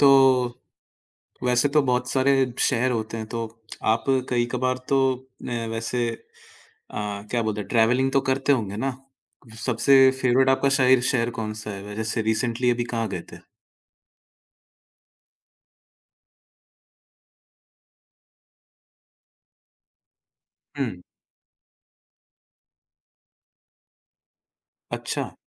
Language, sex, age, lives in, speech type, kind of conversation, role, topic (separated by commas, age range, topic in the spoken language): Hindi, male, 20-24, India, conversation, podcast, host, सफर के दौरान आपने सबसे बढ़िया खाना कहाँ और क्या खाया था?